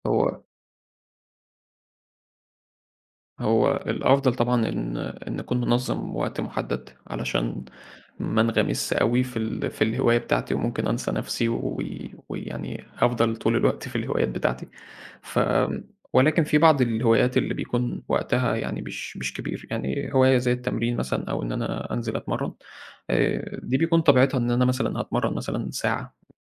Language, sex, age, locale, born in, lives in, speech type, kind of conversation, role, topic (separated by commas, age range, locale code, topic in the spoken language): Arabic, male, 20-24, ar-EG, Egypt, Egypt, conversation, podcast, guest, إزاي بتنظم وقتك عشان تلاقي وقت لهوايتك؟